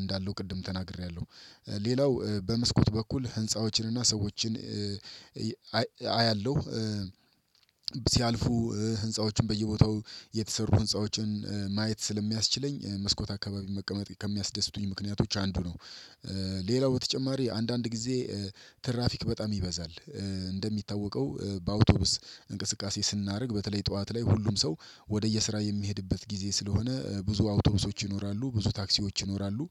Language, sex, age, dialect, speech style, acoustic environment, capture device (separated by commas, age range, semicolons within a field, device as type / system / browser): Amharic, male, 35-44, Ethiopia - Addis Ababa, excited, nature; silence, desktop / Windows / Chrome